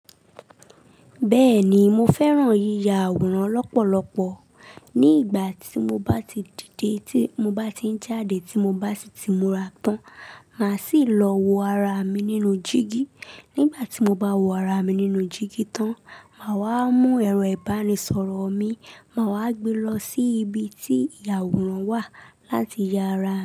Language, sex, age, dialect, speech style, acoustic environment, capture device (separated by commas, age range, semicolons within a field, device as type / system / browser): Yoruba, female, 25-34, Nigeria - Lagos Yoruba, happy, silence, mobile / Linux / Chrome